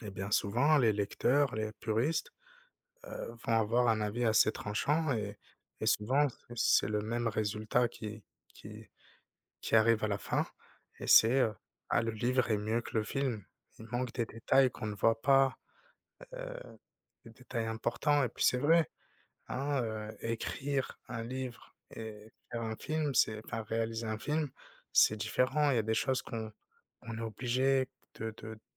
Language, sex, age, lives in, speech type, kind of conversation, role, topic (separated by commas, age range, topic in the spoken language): French, male, 30-34, France, conversation, unstructured, none, Comment choisissez-vous entre lire un livre et regarder un film ?